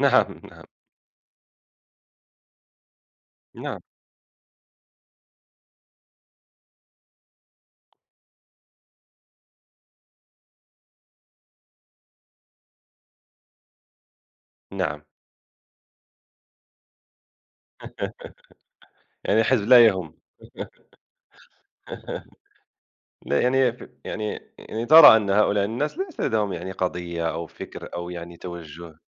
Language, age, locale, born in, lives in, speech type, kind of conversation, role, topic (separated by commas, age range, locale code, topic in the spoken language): Arabic, 30-34, ar-MA, Morocco, France, conversation, unstructured, none, إيه التغييرات اللي نفسك تشوفها في نظام الانتخابات؟